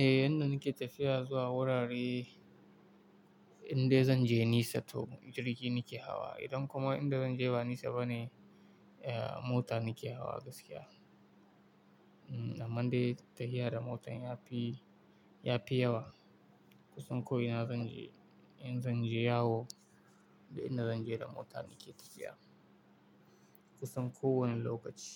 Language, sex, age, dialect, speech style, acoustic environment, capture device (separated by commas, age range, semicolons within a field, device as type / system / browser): Hausa, male, 18-24, Nigeria - Kano, happy, silence, mobile / Linux / Chrome